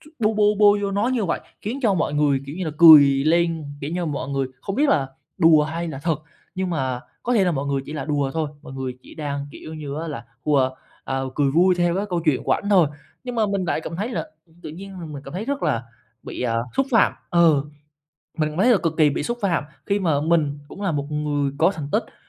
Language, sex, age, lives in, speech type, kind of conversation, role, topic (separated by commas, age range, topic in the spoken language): Vietnamese, male, 20-24, Vietnam, conversation, advice, user, Tôi nên làm gì khi đồng nghiệp hay soi mói và phê bình quá chi tiết khiến tôi mất tự tin?